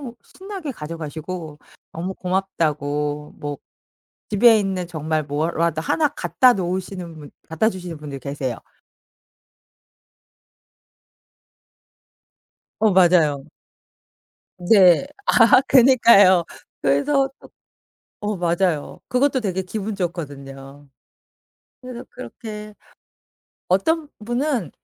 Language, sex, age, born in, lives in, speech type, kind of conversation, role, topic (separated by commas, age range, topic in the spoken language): Korean, female, 45-49, South Korea, France, conversation, podcast, guest, 중고 물건을 사 본 적이 있으신가요? 그때 경험은 어떠셨나요?